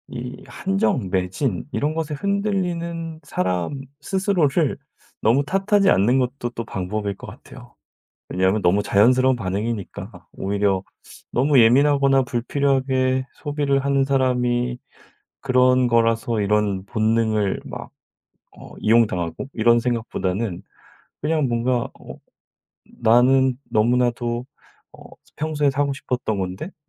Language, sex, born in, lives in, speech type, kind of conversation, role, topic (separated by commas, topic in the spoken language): Korean, male, South Korea, South Korea, conversation, advice, advisor, 세일 때문에 필요 없는데도 물건을 사서 후회한 적이 있나요?